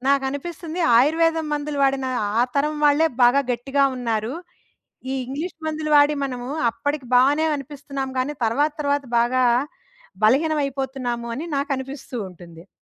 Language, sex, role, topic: Telugu, female, guest, ఆయుర్వేదం లేదా సంప్రదాయ చికిత్సలు మీకు ఏ విధంగా ఉపయోగపడ్డాయి?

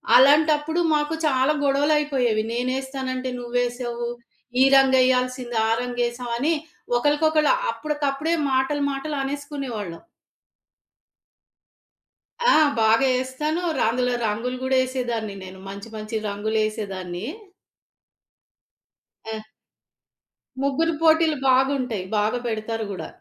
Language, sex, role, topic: Telugu, female, guest, పండుగ విందుల కోసం వంట పనిని మీ కుటుంబంలో ఎలా పంచుకుంటారు?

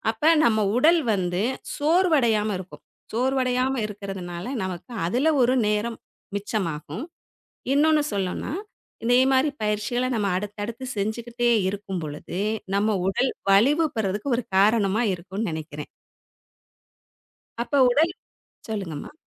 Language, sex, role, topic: Tamil, female, guest, சிறிய உடற்பயிற்சிகளை தினசரி எப்படி சேர்க்கலாம்?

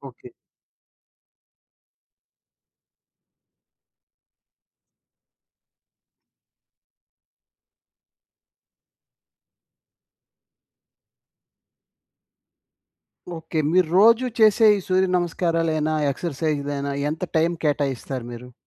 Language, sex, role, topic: Telugu, male, host, నీకు శాంతిని ఇచ్చిన ప్రదేశం ఏది?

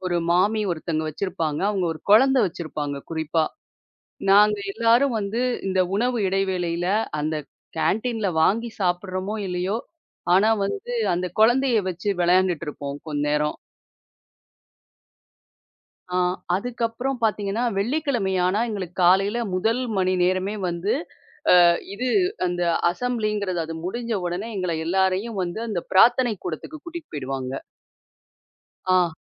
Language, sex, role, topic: Tamil, female, guest, பள்ளிக்காலத்தை முடித்த நாளில் உனக்கு மிகவும் நினைவில் நிற்கும் சம்பவம் என்ன?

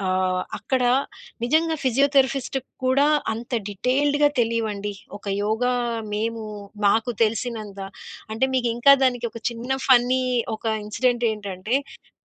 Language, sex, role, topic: Telugu, female, guest, మీ ఆరోగ్యం కోసం మీరు తక్షణ ఉపశమనం వైపే మొగ్గు చూపుతారా, లేక దీర్ఘకాల అలవాట్ల మార్పులకే ప్రాధాన్యం ఇస్తారా?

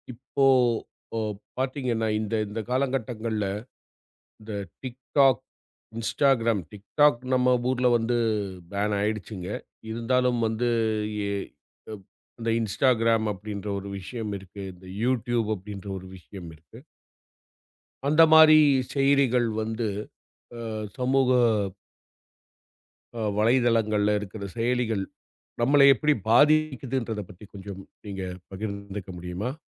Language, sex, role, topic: Tamil, male, host, சமூக ஊடகங்கள் நம்மை எவ்வாறு பாதிக்கின்றன?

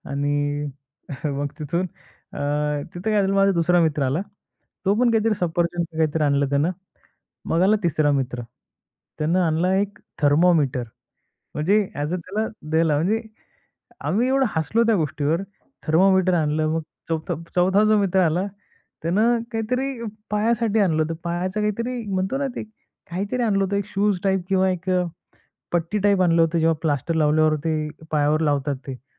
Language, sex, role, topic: Marathi, male, guest, संगीत, कला किंवा छंदांमुळे तुमच्या सावरण्याच्या प्रक्रियेला कशी मदत झाली?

